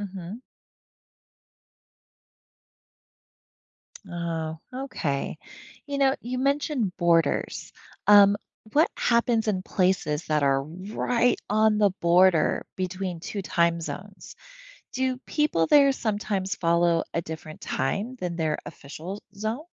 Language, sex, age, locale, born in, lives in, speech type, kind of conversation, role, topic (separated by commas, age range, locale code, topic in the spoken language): English, female, 40-44, en-US, United States, United States, read, expert-assistant, asker, Why do we have different time zones around the world?